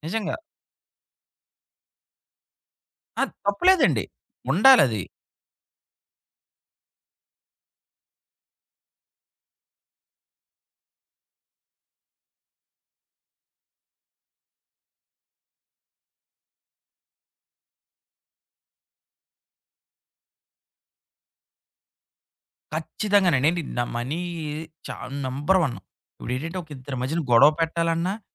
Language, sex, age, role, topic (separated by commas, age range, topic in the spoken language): Telugu, male, 30-34, host, మొదటి జీతం చూసినప్పుడు ఏమనిపించింది?